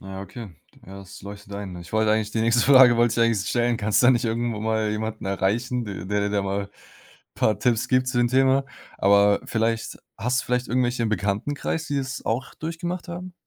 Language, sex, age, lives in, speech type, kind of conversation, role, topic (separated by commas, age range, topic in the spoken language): German, male, 20-24, Germany, conversation, advice, advisor, Inwiefern überfordern dich Behördengänge und der Papierkram?